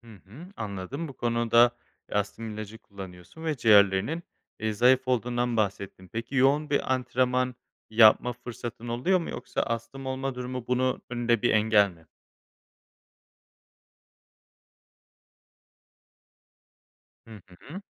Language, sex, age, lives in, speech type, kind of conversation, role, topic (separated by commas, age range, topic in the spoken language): Turkish, male, 25-29, Spain, conversation, advice, advisor, Farklı diyet önerileri arasında kalıp karar veremediğimde nasıl bir yol izlemeliyim?